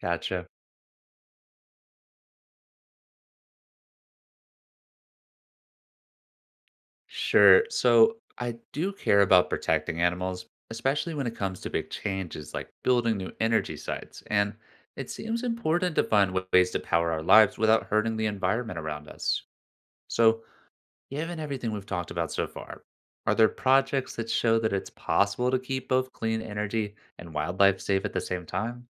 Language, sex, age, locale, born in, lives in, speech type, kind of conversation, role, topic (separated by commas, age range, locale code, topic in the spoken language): English, male, 25-29, en-US, United States, United States, read, expert-assistant, asker, What are the main benefits and challenges of wind turbines and wind farms?